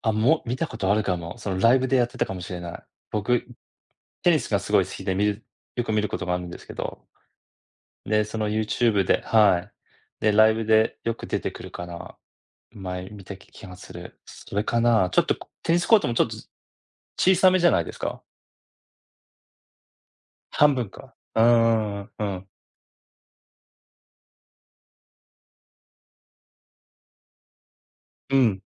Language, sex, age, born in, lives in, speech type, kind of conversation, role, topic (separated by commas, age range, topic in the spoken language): Japanese, male, 40-44, Japan, United States, conversation, unstructured, none, 趣味を始めたきっかけは何ですか？